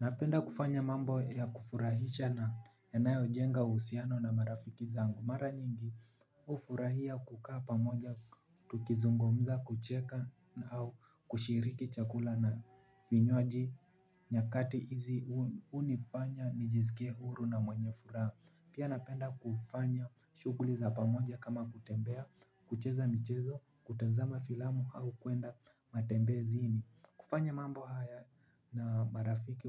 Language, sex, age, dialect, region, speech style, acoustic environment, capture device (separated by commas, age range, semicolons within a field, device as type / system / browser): Swahili, male, 18-24, Kenya - Nairobi Sheng-influenced, Kenya, relaxed, people talking, mobile / Linux / Chrome